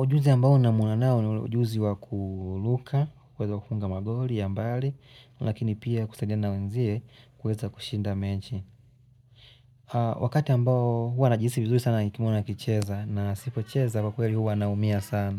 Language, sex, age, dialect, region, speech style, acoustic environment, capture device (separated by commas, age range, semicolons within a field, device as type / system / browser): Swahili, male, 25-34, Tanzania - Dar es Salaam, Tanzania, happy; relaxed, nature; silence; music; people talking; appliances, mobile / Linux / Chrome